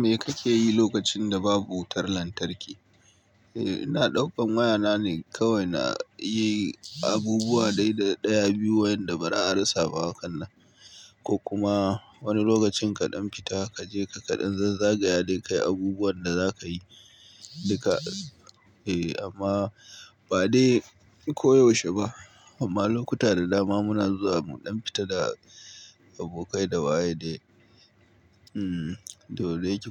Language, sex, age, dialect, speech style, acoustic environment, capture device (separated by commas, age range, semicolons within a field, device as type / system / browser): Hausa, male, 25-34, Nigeria - Kano, relaxed, nature, mobile / Linux / Chrome